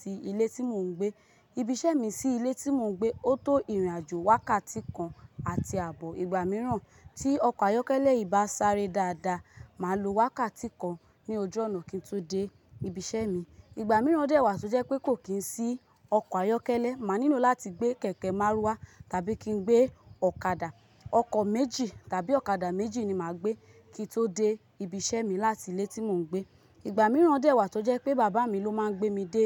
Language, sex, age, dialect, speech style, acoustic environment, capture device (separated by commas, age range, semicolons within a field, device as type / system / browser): Yoruba, female, 25-34, Nigeria - Lagos, relaxed, silence, mobile / Linux / Chrome